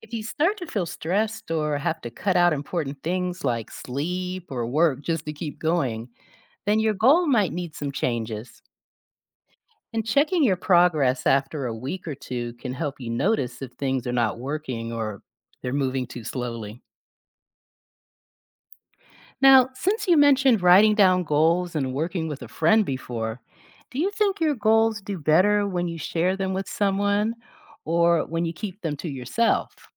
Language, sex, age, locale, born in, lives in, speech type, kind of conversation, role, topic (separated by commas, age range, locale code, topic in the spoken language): English, female, 50-54, en-US, United States, United States, read, expert-assistant, expert, What are some effective ways to set and achieve personal goals?